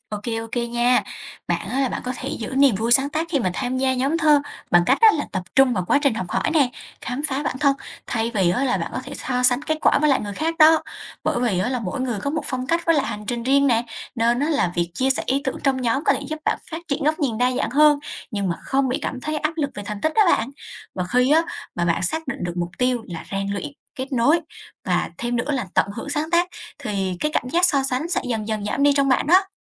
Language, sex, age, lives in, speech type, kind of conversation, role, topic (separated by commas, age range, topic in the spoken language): Vietnamese, female, 20-24, Vietnam, read, expert-assistant, expert, Làm thế nào để xây dựng thói quen đọc và viết thơ hiệu quả cho người bận rộn?